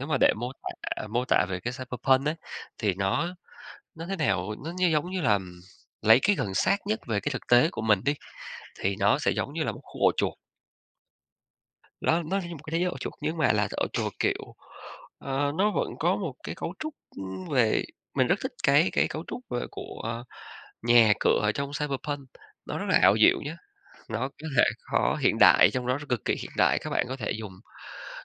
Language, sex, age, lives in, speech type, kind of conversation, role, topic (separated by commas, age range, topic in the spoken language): Vietnamese, male, 35-39, Vietnam, conversation, podcast, guest, Thế giới giả tưởng nào bạn muốn thử sống nhất?